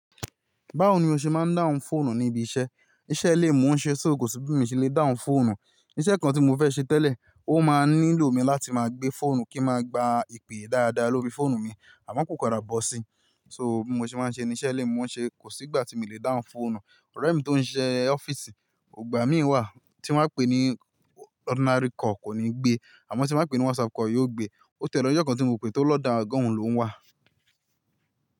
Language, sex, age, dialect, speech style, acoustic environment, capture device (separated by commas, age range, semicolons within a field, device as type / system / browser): Yoruba, male, 25-34, Nigeria - Ibadan, happy, nature, mobile / Linux / Chrome